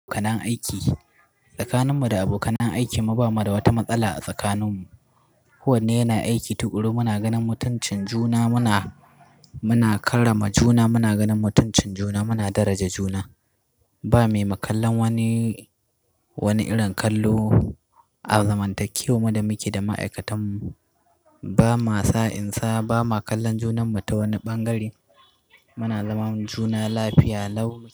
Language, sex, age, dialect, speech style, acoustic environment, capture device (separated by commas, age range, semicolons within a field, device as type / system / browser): Hausa, male, 25-34, Nigeria - Katsina, excited, appliances, mobile / Linux / Chrome